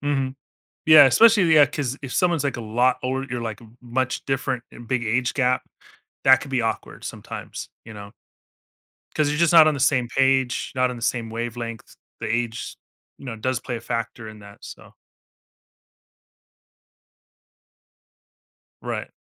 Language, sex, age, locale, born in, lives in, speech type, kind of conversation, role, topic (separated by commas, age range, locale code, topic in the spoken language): English, male, 40-44, en-US, United States, United States, conversation, advice, advisor, How can I make new friends as an adult when I feel awkward in social situations?